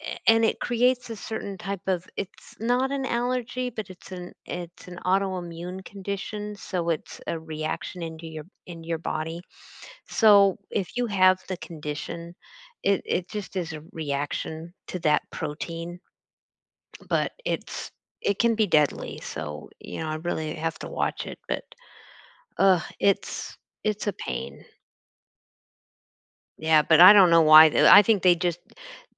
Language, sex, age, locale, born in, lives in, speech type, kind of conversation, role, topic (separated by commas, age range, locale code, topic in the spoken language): English, female, 55-59, en-US, United States, United States, conversation, unstructured, none, Have you ever been scared by a food recall?